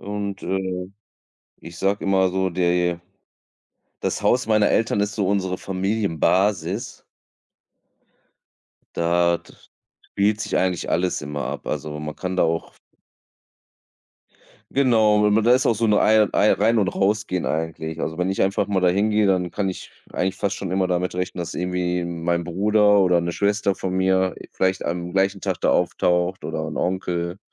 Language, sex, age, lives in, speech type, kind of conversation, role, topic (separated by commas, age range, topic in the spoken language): German, male, 35-39, Germany, conversation, unstructured, none, Was macht eine Familie für dich besonders?